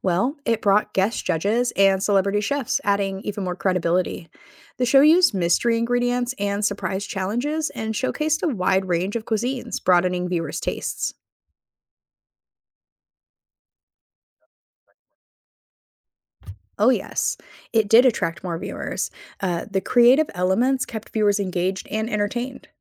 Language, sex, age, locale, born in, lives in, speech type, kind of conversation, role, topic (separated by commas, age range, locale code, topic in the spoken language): English, female, 35-39, en-US, United States, United States, read, expert-assistant, expert, Explain how “Top Chef” influenced culinary TV shows.